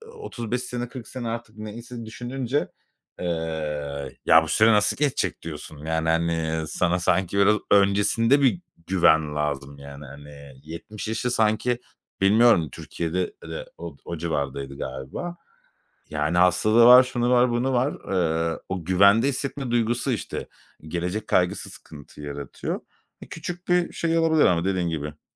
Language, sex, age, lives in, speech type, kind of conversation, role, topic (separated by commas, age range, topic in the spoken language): Turkish, male, 35-39, Spain, conversation, advice, user, Emeklilik için birikim yapamadığınız için geleceğinizle ilgili ne tür kaygılar yaşıyorsunuz?